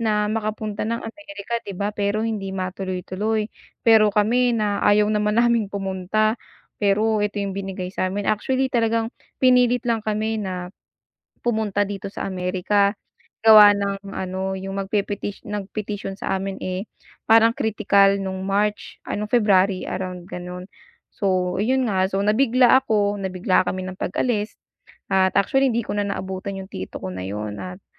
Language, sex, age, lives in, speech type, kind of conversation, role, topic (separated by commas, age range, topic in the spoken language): Filipino, female, 25-29, United States, conversation, advice, user, Paano ko mababawasan ang stress at pagod habang naglalakbay?